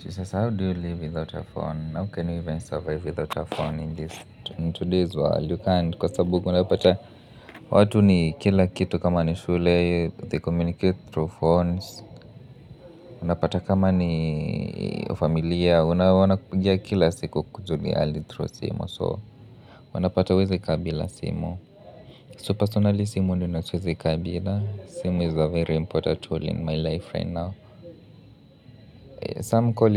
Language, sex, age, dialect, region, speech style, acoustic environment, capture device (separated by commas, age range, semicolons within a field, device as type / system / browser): Swahili, male, 25-34, Kenya - Nairobi Sheng-influenced, Kenya, relaxed, people talking; music, mobile / Linux / Chrome